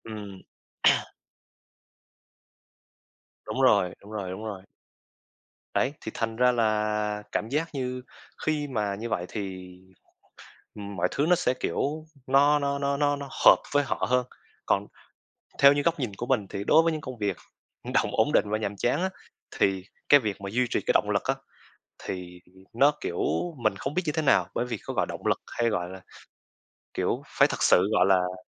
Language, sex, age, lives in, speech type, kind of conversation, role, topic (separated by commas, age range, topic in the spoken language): Vietnamese, male, 20-24, Vietnam, conversation, unstructured, none, Bạn thích một công việc ổn định nhưng nhàm chán hay một công việc thú vị nhưng bấp bênh hơn?